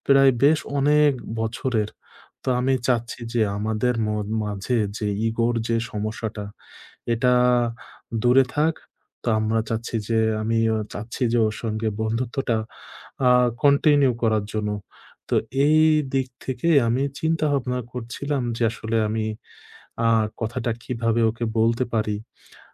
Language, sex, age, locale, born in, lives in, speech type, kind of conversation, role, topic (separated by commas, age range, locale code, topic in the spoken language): Bengali, male, 25-29, bn-BD, Bangladesh, Bangladesh, conversation, advice, user, ভুল বোঝাবুঝি কীভাবে মিটিয়ে ফেলা যায়?